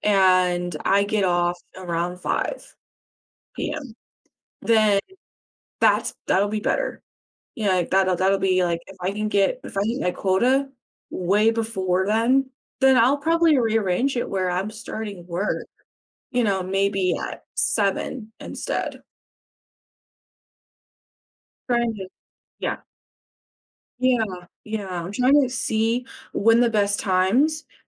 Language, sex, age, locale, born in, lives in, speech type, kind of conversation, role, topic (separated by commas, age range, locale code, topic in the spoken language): English, female, 25-29, en-US, United States, United States, conversation, advice, user, How can I manage overwhelming responsibilities more effectively?